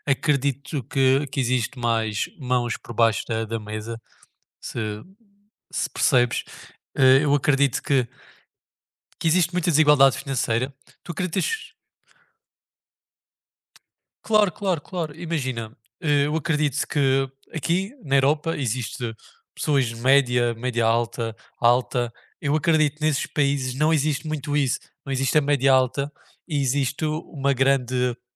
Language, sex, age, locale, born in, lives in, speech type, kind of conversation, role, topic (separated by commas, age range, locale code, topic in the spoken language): Portuguese, male, 20-24, pt-PT, Portugal, Portugal, conversation, unstructured, none, O que você acha da forma como o dinheiro é distribuído no mundo?